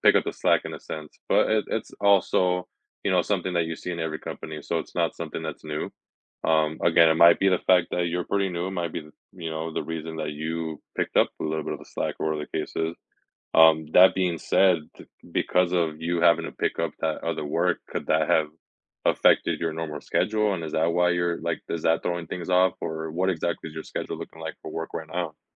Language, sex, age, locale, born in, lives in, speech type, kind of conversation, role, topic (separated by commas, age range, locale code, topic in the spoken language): English, male, 25-29, en-US, United States, United States, conversation, advice, advisor, How can I balance tight work deadlines while still being present for my family?